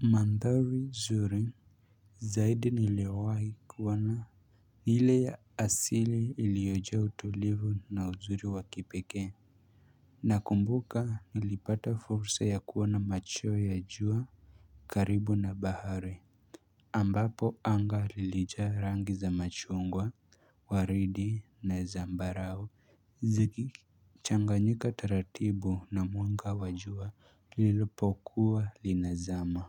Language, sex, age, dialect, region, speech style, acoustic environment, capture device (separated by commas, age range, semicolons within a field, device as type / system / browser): Swahili, male, 18-24, Kenya - Nairobi Sheng-influenced, Kenya, focused, silence, mobile / Linux / Chrome